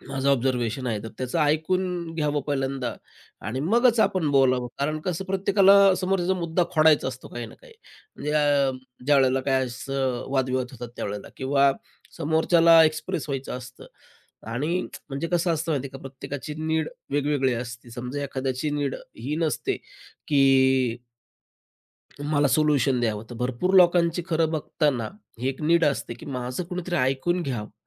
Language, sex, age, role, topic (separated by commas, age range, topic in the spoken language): Marathi, male, 35-39, guest, बोलणं थांबवून नीट ऐकण्याची सवय तुम्ही कशी लावता?